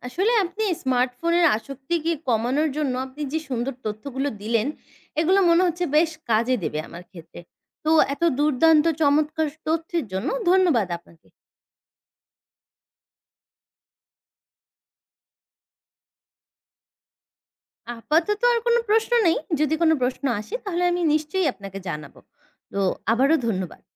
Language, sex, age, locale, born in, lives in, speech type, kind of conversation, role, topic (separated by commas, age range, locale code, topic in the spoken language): Bengali, female, 20-24, bn-BD, Bangladesh, Bangladesh, read, expert-assistant, asker, স্মার্টফোন আসক্তি কীভাবে কমানো যায়